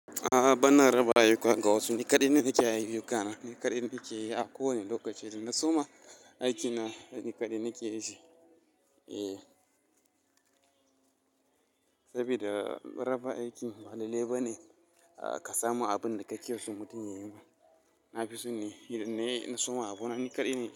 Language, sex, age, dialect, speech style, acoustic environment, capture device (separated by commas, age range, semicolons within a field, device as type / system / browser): Hausa, male, 25-34, Nigeria - Kano, happy, silence, mobile / Linux / Chrome